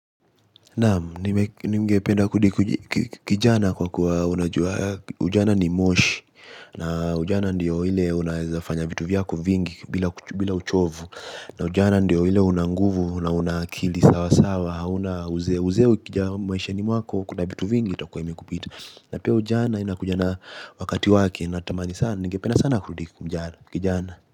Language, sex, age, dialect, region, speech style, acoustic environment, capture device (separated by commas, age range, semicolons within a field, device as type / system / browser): Swahili, male, 18-24, Kenya - Mombasa, Kenya, relaxed, nature, mobile / Linux / Chrome